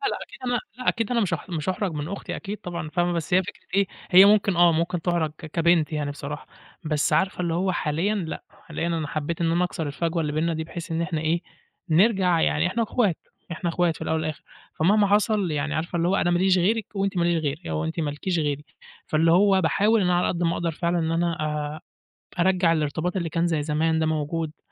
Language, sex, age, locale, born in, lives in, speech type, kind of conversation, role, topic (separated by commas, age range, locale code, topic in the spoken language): Arabic, male, 20-24, ar-EG, Egypt, Egypt, conversation, podcast, guest, تحب تحكيلي عن أحلى ذكرى من طفولتك؟